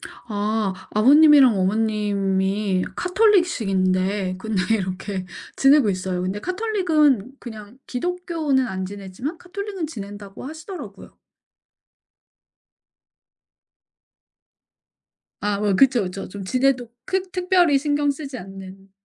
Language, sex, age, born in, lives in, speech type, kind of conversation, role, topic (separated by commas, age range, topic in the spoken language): Korean, female, 40-44, South Korea, United States, conversation, podcast, guest, 제사나 차례 같은 전통 행사를 어떻게 느끼시나요?